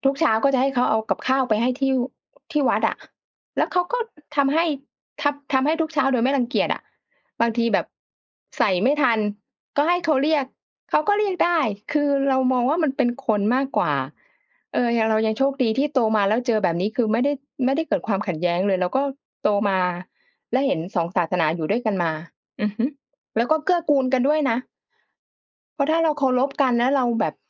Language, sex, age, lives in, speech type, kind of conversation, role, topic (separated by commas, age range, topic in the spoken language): Thai, female, 35-39, Thailand, conversation, unstructured, none, คุณเคยรู้สึกไหมว่าศาสนาทำให้คนในสังคมแบ่งแยกกัน?